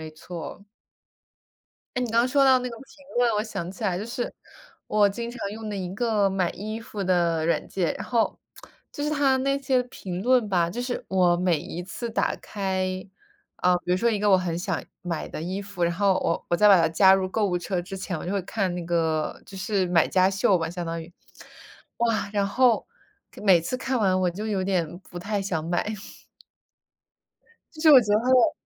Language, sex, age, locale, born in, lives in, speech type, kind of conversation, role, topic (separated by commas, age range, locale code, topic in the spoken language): Chinese, female, 25-29, zh-CN, United States, United States, conversation, unstructured, none, 你更倾向于选择网购还是去实体店购物？